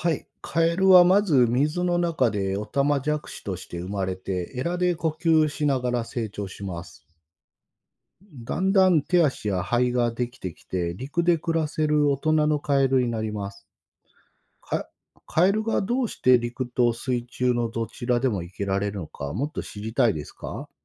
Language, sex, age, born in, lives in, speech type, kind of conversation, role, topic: Japanese, male, 45-49, Japan, Japan, read, expert-assistant, expert, なぜカエルはオタマジャクシから成長するのか説明してください。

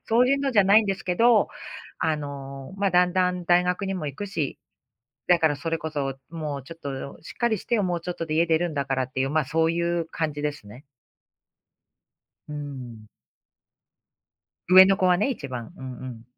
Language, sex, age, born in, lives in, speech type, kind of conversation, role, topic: Japanese, female, 45-49, Japan, United States, conversation, advice, user, 子どもの反抗期で親子関係がぎくしゃくしている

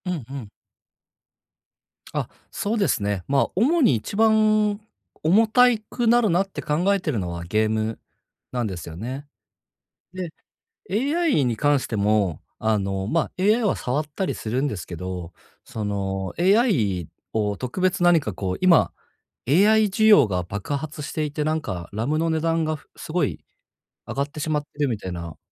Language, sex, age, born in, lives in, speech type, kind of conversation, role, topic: Japanese, male, 30-34, Japan, Japan, conversation, advice, user, 買い物で商品が多すぎて選べないとき、どうやって決めればいいですか？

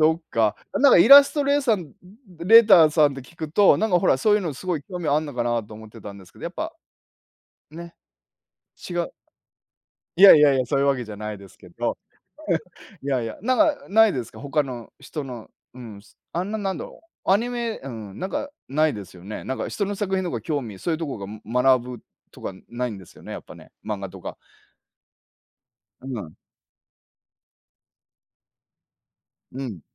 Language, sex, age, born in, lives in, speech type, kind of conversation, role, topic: Japanese, male, 50-54, Japan, Japan, conversation, unstructured, none, 漫画やアニメは好きですか？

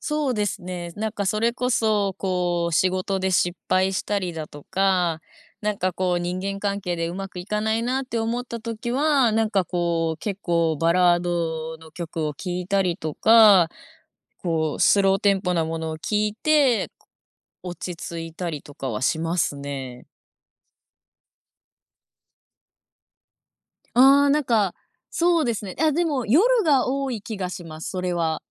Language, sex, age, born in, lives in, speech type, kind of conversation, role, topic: Japanese, female, 25-29, Japan, Japan, conversation, podcast, guest, 音楽は気分にどんな影響を与えると感じますか？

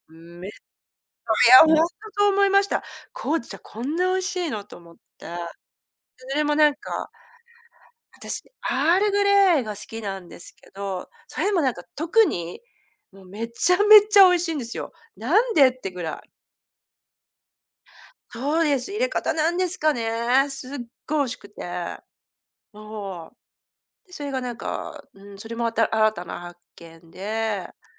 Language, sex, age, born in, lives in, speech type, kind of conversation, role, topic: Japanese, female, 50-54, Japan, Japan, conversation, podcast, guest, 旅を通して得た最も大切な教訓は何ですか？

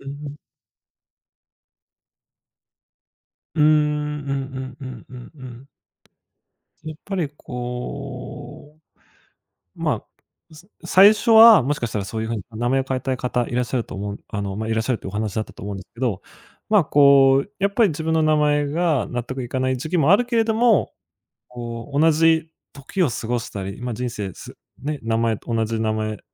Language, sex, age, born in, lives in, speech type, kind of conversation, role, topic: Japanese, male, 25-29, Japan, Japan, conversation, podcast, host, 自分の名前の由来を知っていますか？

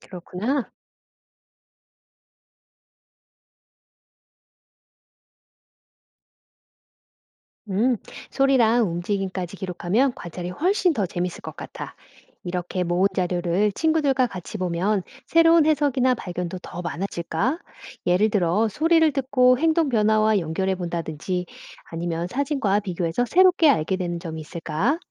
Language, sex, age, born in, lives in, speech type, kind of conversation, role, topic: Korean, female, 45-49, South Korea, United States, read, expert-assistant, asker, 우리 주변에서 진화의 원리를 직접 느끼고 배우려면 어떤 경험이 도움이 될까요?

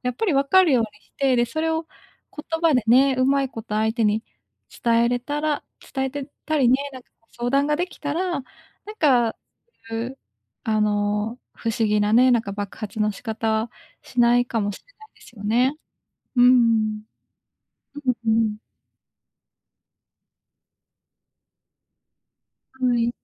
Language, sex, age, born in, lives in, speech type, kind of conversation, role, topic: Japanese, female, 25-29, Japan, Japan, conversation, advice, user, 突然、激しい怒りや悲しみの波に襲われたとき、どう対処すればよいですか？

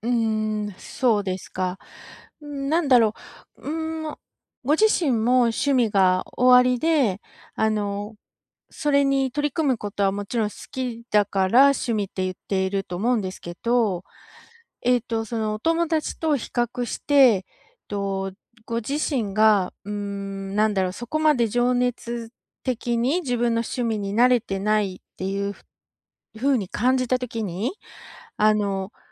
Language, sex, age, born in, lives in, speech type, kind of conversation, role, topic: Japanese, female, 45-49, Japan, United States, conversation, advice, advisor, 情熱や興味が見つからないとき、どうすれば没頭できるものを見つけられますか？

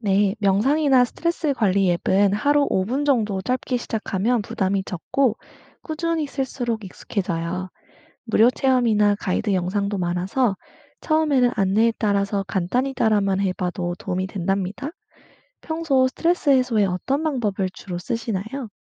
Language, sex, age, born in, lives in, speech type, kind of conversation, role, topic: Korean, female, 25-29, South Korea, United States, read, expert-assistant, expert, 디지털 기술이 정신 건강 관리에 어떤 새로운 가능성을 열어줄 수 있을까요? 예를 들어, 앱, 인공지능, 원격 치료 등이 미래에 어떻게 활용될 수 있을지 이야기해 봅시다.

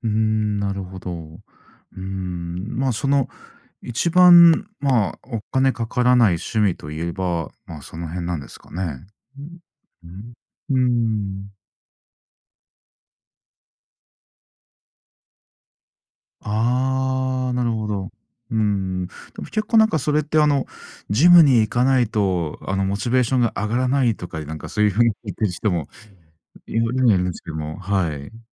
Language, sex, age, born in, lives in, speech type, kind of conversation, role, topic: Japanese, male, 40-44, Japan, Japan, conversation, podcast, host, 手軽に始められる趣味なら、何がおすすめですか？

